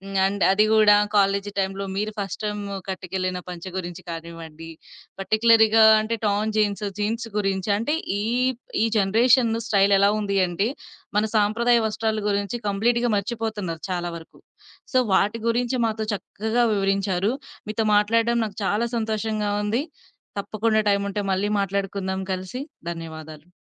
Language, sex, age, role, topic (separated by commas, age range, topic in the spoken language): Telugu, female, 25-29, host, సాంప్రదాయ వస్త్రాలు మీ వ్యక్తిగత శైలిలో ఏ విధంగా ప్రభావం చూపుతాయి?